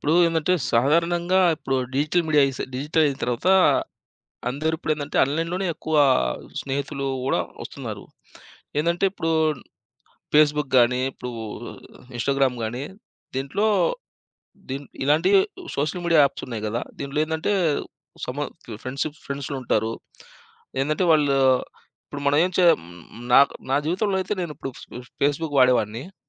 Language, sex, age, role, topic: Telugu, male, 30-34, guest, సోషల్ మీడియా ద్వారా ఏర్పడే సంబంధాలు నిజంగా బలంగా ఉంటాయని మీకు అనిపిస్తుందా?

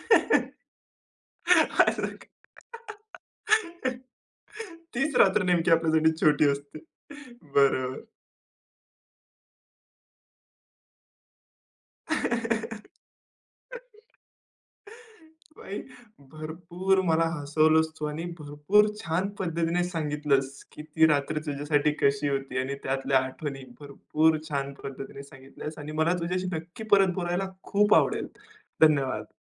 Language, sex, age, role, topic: Marathi, male, 20-24, host, रात्रीच्या आकाशाखाली घडलेली एखादी आठवण तुम्ही सांगाल का?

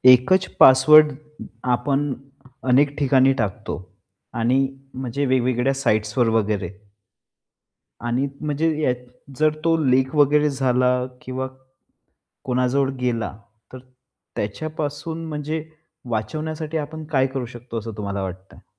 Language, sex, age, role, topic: Marathi, male, 25-29, host, तुम्ही तुमचे पासवर्ड आणि ऑनलाइन सुरक्षितता कशी सांभाळता?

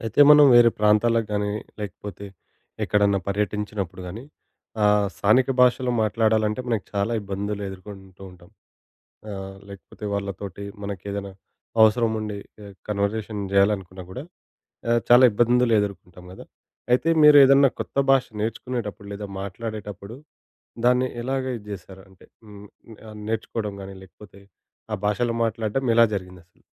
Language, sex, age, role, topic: Telugu, male, 25-29, host, మీరు అత్తవారింట్లో కొత్త భాషకు ఎలా అలవాటు పడ్డారు?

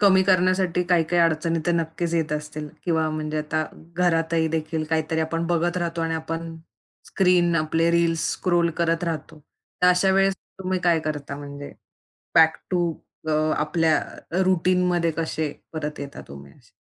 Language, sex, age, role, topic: Marathi, female, 25-29, host, रोजच्या जीवनात साधेपणा कसा आणता येईल?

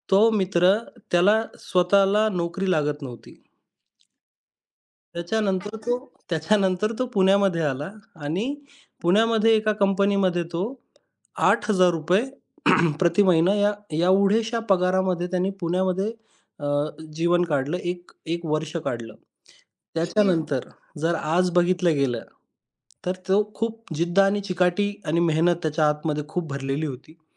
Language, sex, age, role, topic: Marathi, male, 25-29, guest, तुमच्या आयुष्याला पूर्णपणे कलाटणी देणारा तो कोणता क्षण होता?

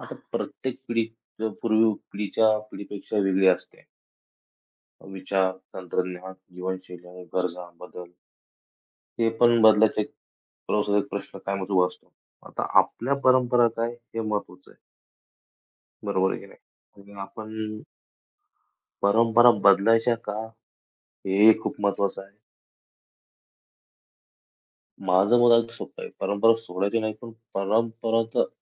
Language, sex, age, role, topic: Marathi, male, 25-29, guest, पिढी बदलताना परंपरा सोडाव्या की जपाव्या, याबद्दल तुमचे मत काय आहे?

